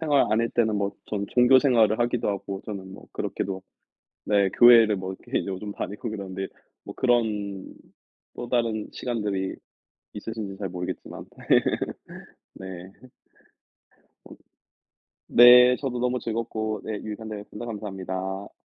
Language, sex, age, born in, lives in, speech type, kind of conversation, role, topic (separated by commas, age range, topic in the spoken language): Korean, male, 35-39, South Korea, United States, conversation, unstructured, none, 취미를 잃어버렸을 때 어떤 기분이 들었나요?